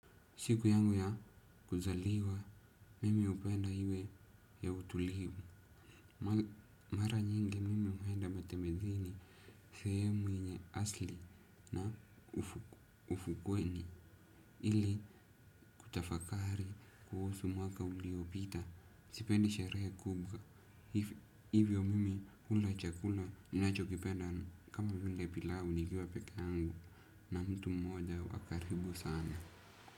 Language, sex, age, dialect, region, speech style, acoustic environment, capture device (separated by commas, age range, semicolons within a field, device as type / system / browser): Swahili, male, 18-24, Kenya - Nairobi Sheng-influenced, Kenya, happy, silence, desktop / Windows / Edge